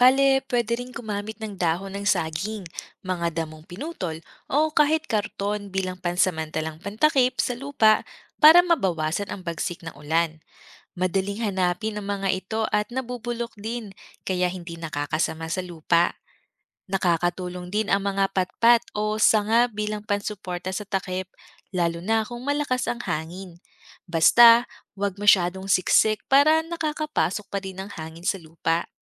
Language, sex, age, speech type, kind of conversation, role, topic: Filipino, female, 30-34, read, expert-assistant, expert, Paano maging epektibo at matipid sa pagsasaka gamit ang limitadong oras at yaman?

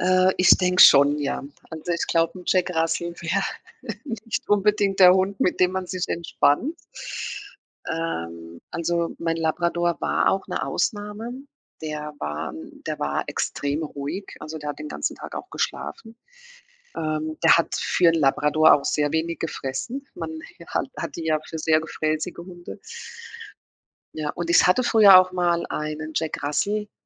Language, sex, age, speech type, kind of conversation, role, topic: German, female, 50-54, conversation, podcast, guest, Wie entspannst du dich nach einem anstrengenden Tag?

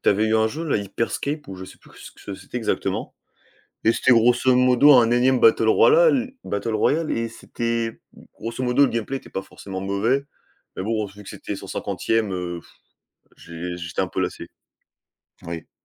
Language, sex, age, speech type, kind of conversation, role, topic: French, male, 20-24, conversation, unstructured, none, Qu’est-ce qui te déplaît le plus dans certains jeux vidéo ?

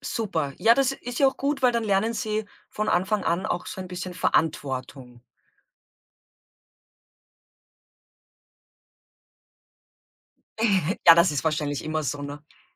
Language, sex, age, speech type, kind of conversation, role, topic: German, female, 35-39, conversation, podcast, host, Welche Rituale habt ihr beim gemeinsamen Abendessen?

